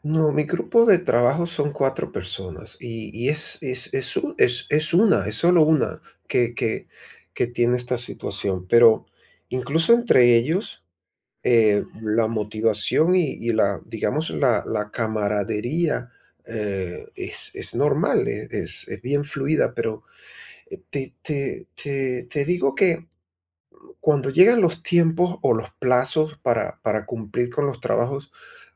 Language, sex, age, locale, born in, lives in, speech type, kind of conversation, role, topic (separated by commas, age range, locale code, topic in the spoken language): Spanish, male, 55-59, es-VE, Venezuela, Portugal, conversation, advice, user, ¿Cómo puedo mantener la calidad y los resultados al delegar tareas importantes a mi equipo?